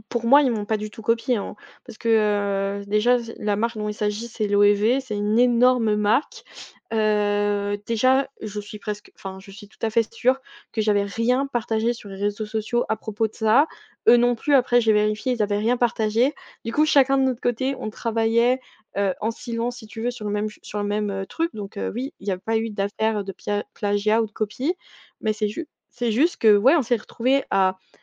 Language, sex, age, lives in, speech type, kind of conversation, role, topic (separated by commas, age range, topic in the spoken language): French, female, 20-24, France, conversation, podcast, guest, Comment gères-tu les doutes sur ton identité d’artiste ?